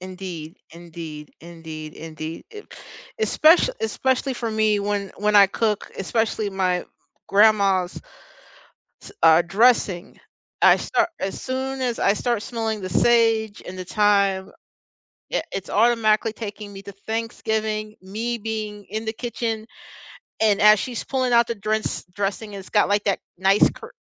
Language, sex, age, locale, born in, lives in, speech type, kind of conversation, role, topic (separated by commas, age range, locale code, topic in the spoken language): English, other, 50-54, en-US, United States, United States, conversation, unstructured, none, What personal rituals, stories, or traditions help you honor and keep your loved ones' memories alive?